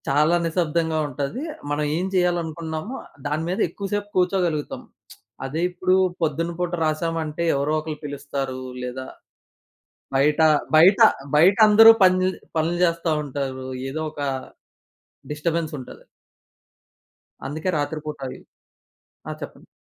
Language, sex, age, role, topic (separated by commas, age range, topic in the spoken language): Telugu, male, 20-24, guest, సాధారణ వ్యక్తి సృజనాత్మకతను పెంచుకోవడానికి మీరు ఏ చిట్కాలు సూచిస్తారు?